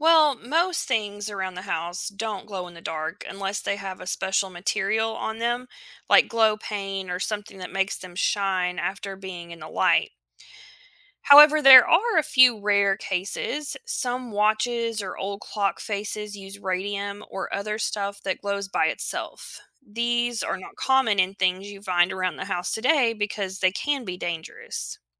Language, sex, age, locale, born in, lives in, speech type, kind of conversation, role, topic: English, female, 35-39, en-US, United States, United States, read, expert-assistant, expert, Why do some objects glow in the dark?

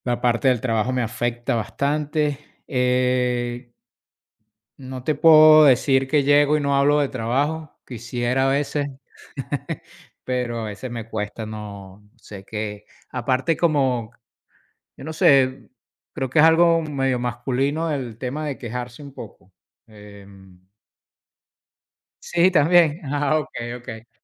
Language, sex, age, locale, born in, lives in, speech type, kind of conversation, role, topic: Spanish, male, 50-54, es-VE, Venezuela, United States, conversation, unstructured, none, ¿Cómo te aseguras de dormir lo suficiente cada noche?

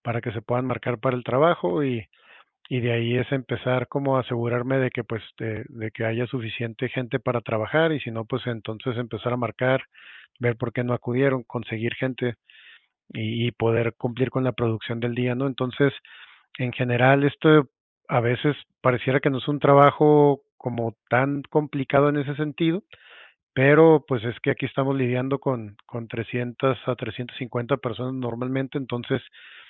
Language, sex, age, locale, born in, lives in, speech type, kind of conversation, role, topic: Spanish, male, 40-44, es-MX, Mexico, Mexico, conversation, advice, user, ¿Cómo puedo encontrar tiempo para tomarme vacaciones este año?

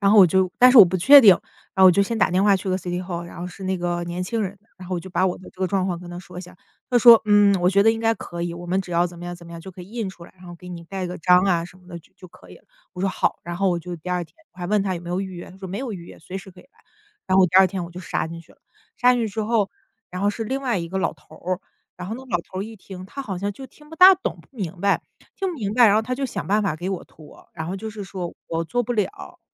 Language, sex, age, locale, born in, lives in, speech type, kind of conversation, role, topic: Chinese, female, 30-34, zh-CN, China, United States, conversation, unstructured, none, 为什么有些人总是拖延，迟迟不开始做事？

